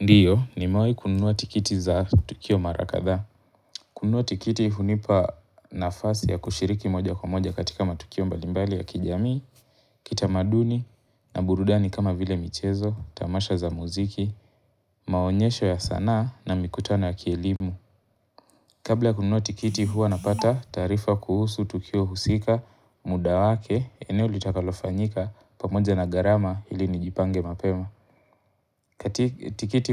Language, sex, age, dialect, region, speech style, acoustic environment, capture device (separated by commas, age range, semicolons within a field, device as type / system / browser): Swahili, male, 18-24, Kenya - Mombasa, Kenya, focused, nature, mobile / Linux / Chrome